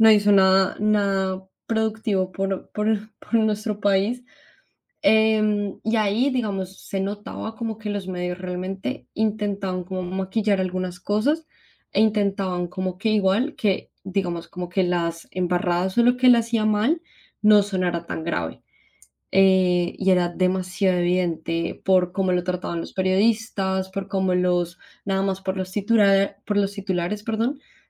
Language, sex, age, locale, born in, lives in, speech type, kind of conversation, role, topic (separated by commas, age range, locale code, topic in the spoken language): Spanish, female, 25-29, es-CO, Colombia, Spain, conversation, unstructured, none, ¿Crees que los medios de comunicación son siempre imparciales?